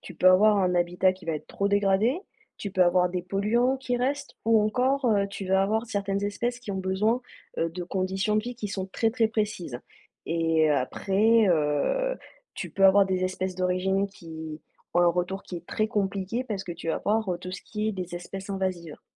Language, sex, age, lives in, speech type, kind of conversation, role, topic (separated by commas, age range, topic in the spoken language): French, female, 30-34, France, read, expert-assistant, expert, Comment reconnaître une info fiable sur les océans quand on lit un article ou regarde une vidéo ?